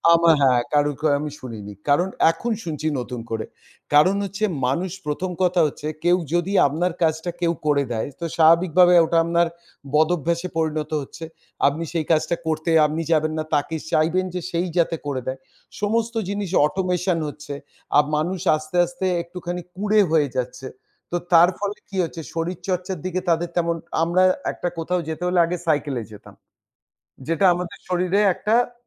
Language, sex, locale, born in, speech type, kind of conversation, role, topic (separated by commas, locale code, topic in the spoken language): Bengali, male, bn-IN, India, conversation, podcast, guest, আপনি কি হলুদ বা অন্যান্য ঘরোয়া টোটকা ব্যবহার করেন?